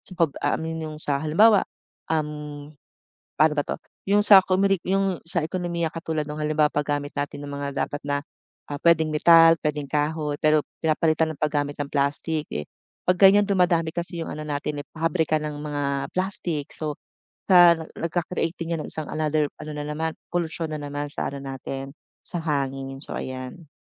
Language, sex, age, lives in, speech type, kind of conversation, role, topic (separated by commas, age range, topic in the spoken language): Filipino, female, 40-44, Philippines, conversation, podcast, guest, Ano ang palagay mo sa labis na paggamit ng plastik sa ating bansa?